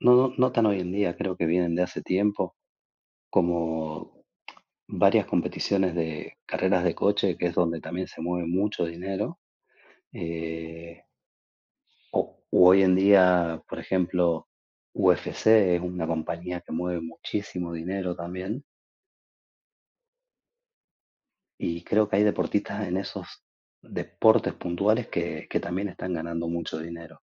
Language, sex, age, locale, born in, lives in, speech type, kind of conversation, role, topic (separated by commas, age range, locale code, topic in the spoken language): Spanish, male, 40-44, es-AR, Argentina, Spain, conversation, unstructured, none, ¿Es justo que en algunos deportes se pague mucho y en otros no se pague nada?